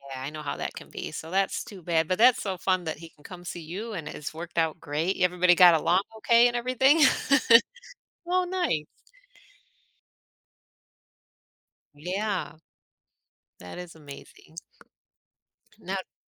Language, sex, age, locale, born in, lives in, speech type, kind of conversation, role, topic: English, female, 40-44, en-US, United States, United States, conversation, podcast, host, How has technology changed the way we form and maintain friendships?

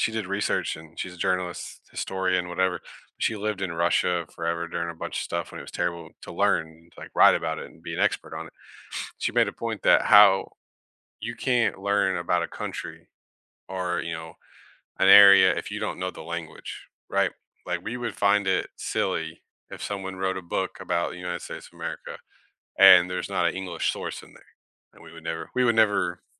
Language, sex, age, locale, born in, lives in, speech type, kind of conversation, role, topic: English, male, 30-34, en-US, United States, United States, conversation, unstructured, none, Should religious education prioritize nurturing personal belief, or should it focus on teaching comparative religion and critical thinking?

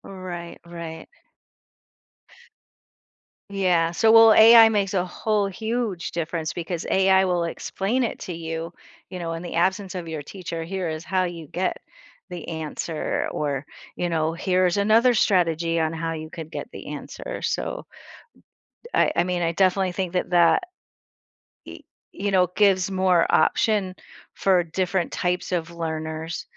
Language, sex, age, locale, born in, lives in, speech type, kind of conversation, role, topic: English, female, 55-59, en-US, United States, United States, conversation, podcast, host, In what ways is technology transforming education and the learning experience?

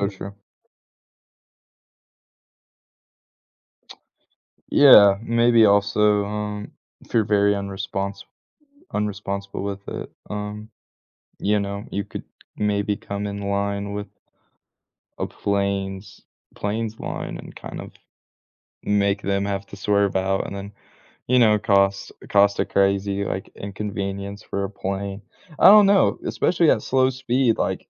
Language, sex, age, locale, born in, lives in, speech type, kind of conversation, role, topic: English, male, 20-24, en-US, United States, United States, conversation, unstructured, none, Would you rather be able to teleport anywhere instantly or fly at a slow speed?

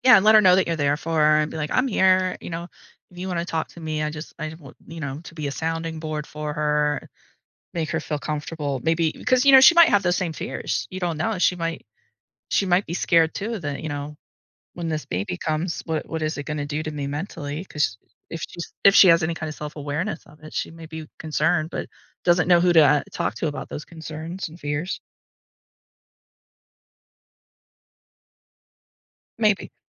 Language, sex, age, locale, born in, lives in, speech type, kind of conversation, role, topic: English, female, 40-44, en-US, United States, United States, conversation, advice, advisor, How can I prepare financially and emotionally for my first baby?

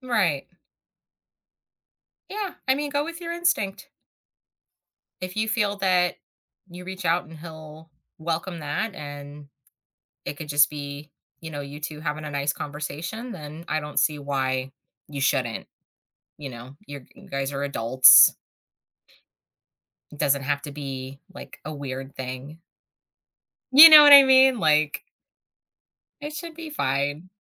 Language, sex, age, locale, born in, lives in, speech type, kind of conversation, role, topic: English, female, 35-39, en-US, United States, United States, conversation, advice, advisor, How can I reconnect with a close friend who drifted apart?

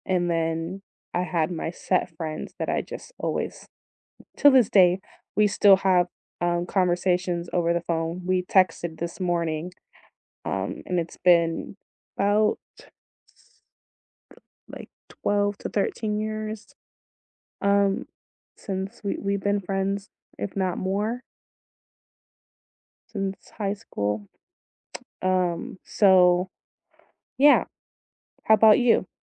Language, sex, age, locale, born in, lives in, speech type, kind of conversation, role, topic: English, female, 30-34, en-US, United States, United States, conversation, unstructured, none, What is your go-to way to meet new people locally?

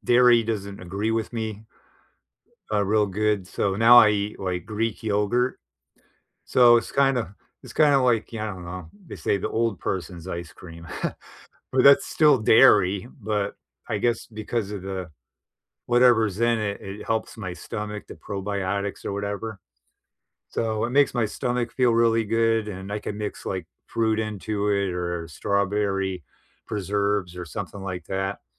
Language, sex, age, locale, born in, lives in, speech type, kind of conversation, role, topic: English, male, 55-59, en-US, United States, United States, conversation, unstructured, none, What is your favorite comfort food, and what memory do you associate with it?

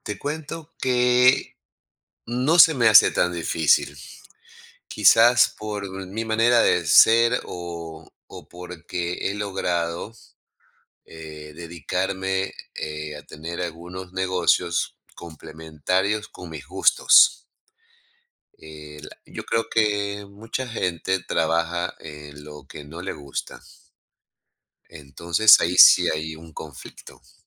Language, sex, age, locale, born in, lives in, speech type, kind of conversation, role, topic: Spanish, male, 55-59, es-EC, Ecuador, United States, conversation, podcast, guest, ¿Cómo equilibras tu trabajo comercial con tus proyectos personales?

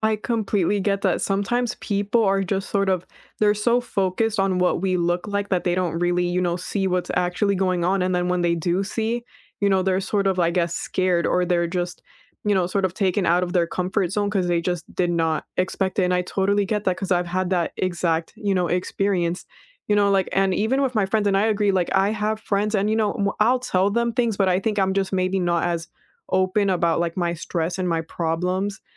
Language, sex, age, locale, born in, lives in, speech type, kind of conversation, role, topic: English, female, 20-24, en-US, United States, United States, conversation, unstructured, none, How do you manage stress when life gets unexpectedly busy?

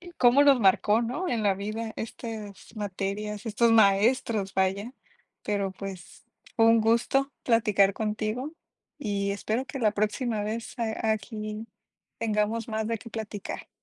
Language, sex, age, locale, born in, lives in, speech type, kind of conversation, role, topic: Spanish, female, 30-34, es-MX, Mexico, Mexico, conversation, unstructured, none, ¿Cuál fue tu materia favorita en la escuela y por qué?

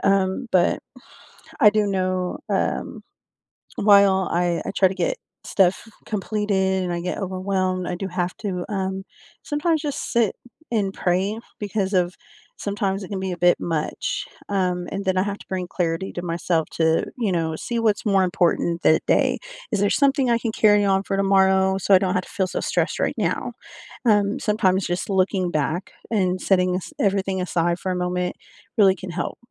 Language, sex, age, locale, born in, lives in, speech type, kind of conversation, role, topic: English, female, 35-39, en-US, United States, United States, conversation, unstructured, none, How can you manage meeting overload without burning bridges, protecting your focus while keeping relationships strong?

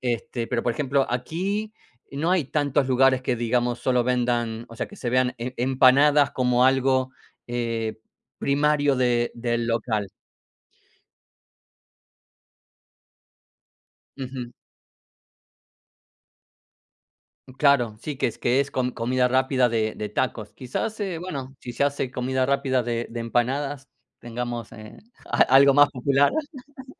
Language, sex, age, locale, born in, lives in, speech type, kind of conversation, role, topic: Spanish, male, 45-49, es-AR, Argentina, United States, conversation, unstructured, none, ¿Qué plato tradicional de tu país te gusta más?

